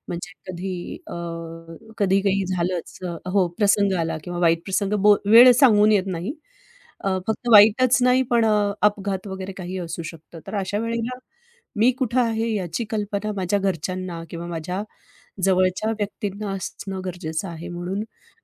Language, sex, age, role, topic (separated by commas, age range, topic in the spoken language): Marathi, female, 45-49, guest, चुकीच्या रस्त्याने जाताना तुम्हाला कधी अनपेक्षितपणे एखादं सुंदर ठिकाण सापडलं आहे का?